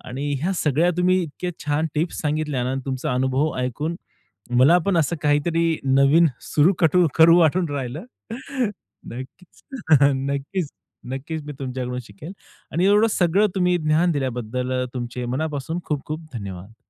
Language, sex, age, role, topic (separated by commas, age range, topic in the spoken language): Marathi, male, 30-34, host, तुमच्या आवडत्या शौकाच्या प्रकल्पाबद्दल सांगाल का?